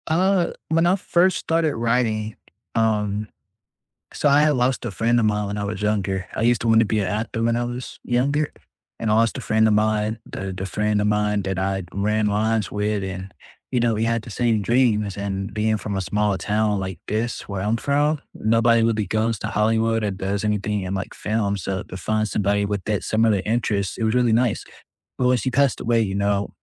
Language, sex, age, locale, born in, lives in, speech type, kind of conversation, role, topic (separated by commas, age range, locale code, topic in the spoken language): English, male, 20-24, en-US, United States, United States, conversation, unstructured, none, What weekend rituals help you truly unplug and feel refreshed, and why do they work for you?